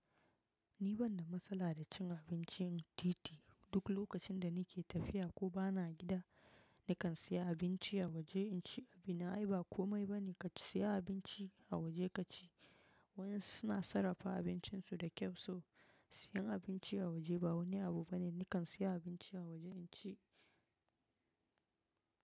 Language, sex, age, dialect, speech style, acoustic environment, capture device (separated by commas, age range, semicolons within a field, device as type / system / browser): Hausa, female, 25-34, Nigeria - Nigerian Standard, relaxed; focused, silence, mobile / macOS / Safari